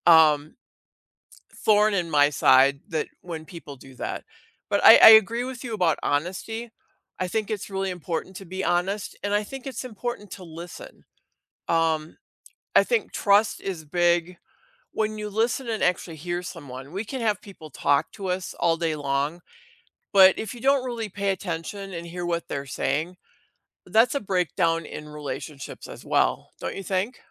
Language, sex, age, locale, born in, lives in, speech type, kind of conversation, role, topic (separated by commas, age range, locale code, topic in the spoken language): English, female, 60-64, en-US, United States, United States, conversation, unstructured, none, How can you build trust in a relationship through everyday honesty, reliability, and small, consistent actions?